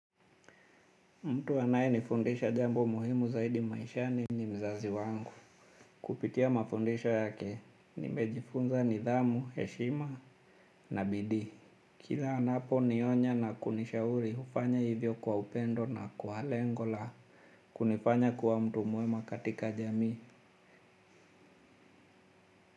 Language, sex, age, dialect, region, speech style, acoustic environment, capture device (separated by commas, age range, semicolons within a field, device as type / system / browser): Swahili, male, 35-44, Kenya - Mombasa, Kenya, anxious; happy, silence, mobile / Linux / Chrome